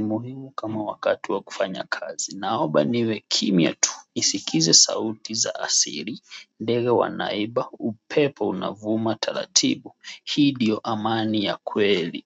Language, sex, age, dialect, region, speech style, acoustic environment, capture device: Swahili, male, 25-34, Kenya - Mombasa, Kenya, anxious, people talking, mobile / Android / Chrome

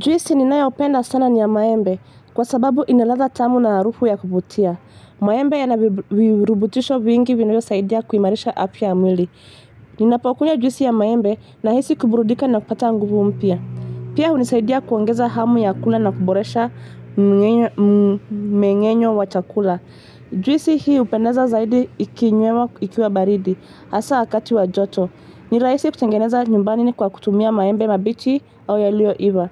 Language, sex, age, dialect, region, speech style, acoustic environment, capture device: Swahili, female, 25-34, Kenya - Nairobi Sheng-influenced, Kenya, excited, traffic, mobile / Linux / Chrome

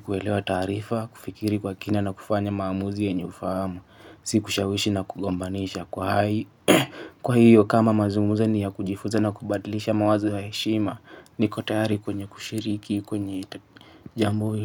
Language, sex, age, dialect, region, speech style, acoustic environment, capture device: Swahili, male, 25-34, Kenya - Mombasa, Kenya, focused, nature, mobile / Linux / Chrome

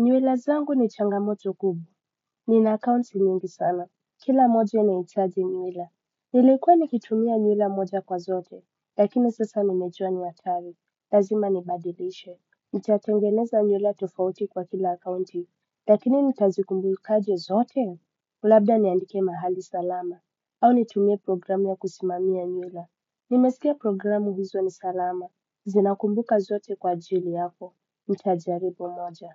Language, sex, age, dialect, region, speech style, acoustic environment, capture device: Swahili, male, 25-34, Kenya - Mombasa, Kenya, relaxed, music, desktop / Windows / Chrome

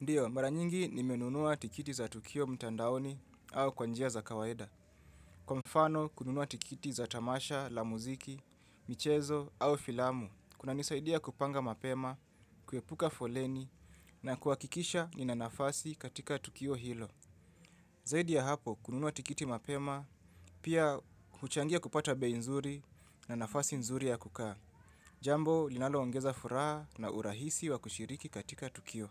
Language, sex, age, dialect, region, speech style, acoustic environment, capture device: Swahili, male, 25-34, Kenya - Nairobi Sheng-influenced, Kenya, relaxed; focused, music; silence; people talking; appliances, mobile / Linux / Chrome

